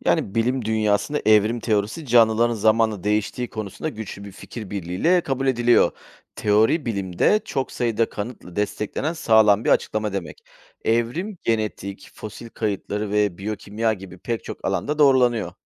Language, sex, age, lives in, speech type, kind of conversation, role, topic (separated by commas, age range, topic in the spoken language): Turkish, male, 40-44, Greece, read, expert-assistant, expert, Evrim teorisinin günümüzde hâlâ tartışmalı olduğu doğru mu, yoksa bilim camiasında genel bir kabul var mı?